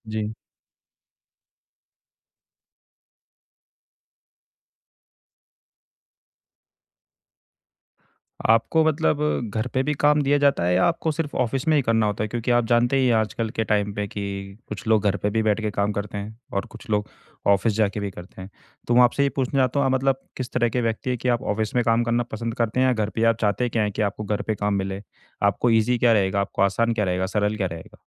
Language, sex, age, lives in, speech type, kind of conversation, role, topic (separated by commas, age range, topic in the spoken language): Hindi, male, 25-29, India, conversation, advice, advisor, मैं काम और निजी जीवन के बीच स्पष्ट सीमाएँ कैसे रखूँ?